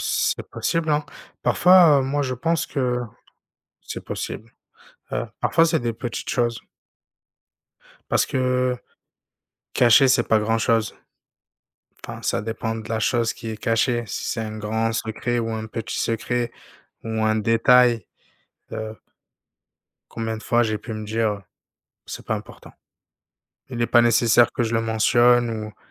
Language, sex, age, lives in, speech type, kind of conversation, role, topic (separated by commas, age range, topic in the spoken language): French, male, 30-34, France, conversation, unstructured, none, Est-ce que tu te sens libre d’être toi-même partout où tu vas ?